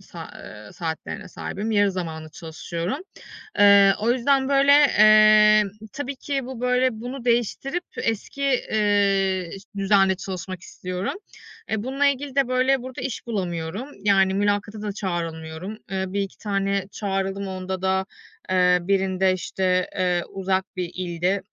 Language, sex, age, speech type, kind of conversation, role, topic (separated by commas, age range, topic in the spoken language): Turkish, female, 35-39, conversation, advice, user, Belirli bir yaşa gelince “başarmış olman” gerektiği beklentisi sende nasıl bir baskı yaratıyor?